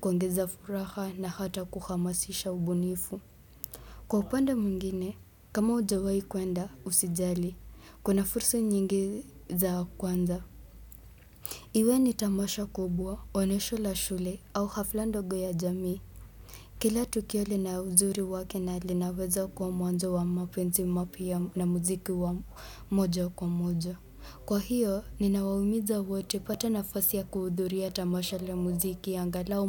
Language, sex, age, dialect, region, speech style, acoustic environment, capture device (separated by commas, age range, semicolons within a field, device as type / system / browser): Swahili, male, 18-24, Kenya - Nairobi Sheng-influenced, Kenya, relaxed; happy; excited; focused, people talking; nature, desktop / Windows / Chrome